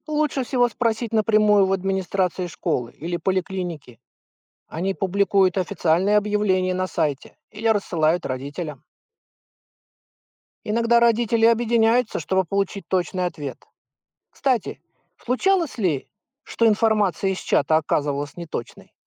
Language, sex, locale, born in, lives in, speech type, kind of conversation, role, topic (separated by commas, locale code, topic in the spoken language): Russian, male, ru-RU, Russia, United States, read, expert-assistant, expert, Как мамам проверять достоверность информации и новостей в чатах?